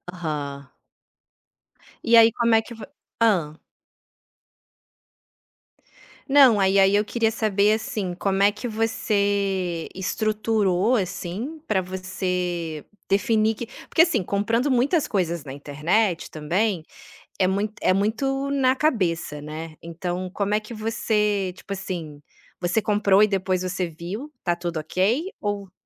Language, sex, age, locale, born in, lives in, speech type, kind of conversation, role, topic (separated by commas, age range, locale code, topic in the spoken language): Portuguese, female, 35-39, pt-BR, Brazil, Italy, conversation, podcast, host, O que te inspira quando você precisa criar algo novo?